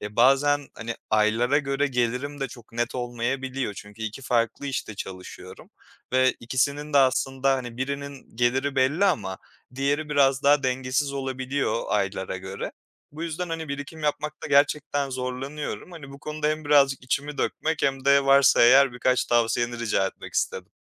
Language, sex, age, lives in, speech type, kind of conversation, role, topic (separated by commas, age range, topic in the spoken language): Turkish, male, 25-29, Poland, conversation, advice, user, Büyük bir harcama için nasıl birikim yapacağımı nasıl netleştirebilirim?